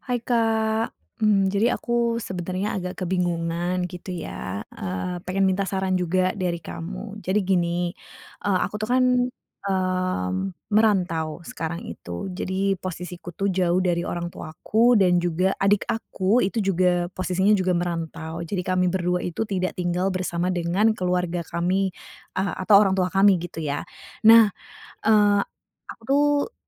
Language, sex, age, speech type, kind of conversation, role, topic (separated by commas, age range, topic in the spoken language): Indonesian, female, 30-34, conversation, advice, user, Bagaimana cara merawat orang tua yang menua tanpa rencana yang jelas?